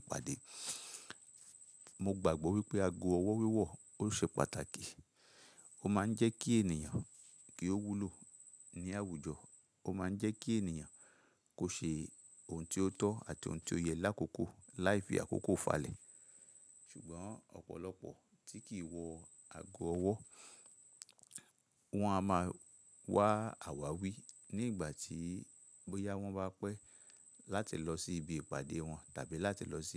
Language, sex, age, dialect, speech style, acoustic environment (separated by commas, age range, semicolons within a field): Yoruba, male, 45-54, Nigeria - Ibadan, relaxed, silence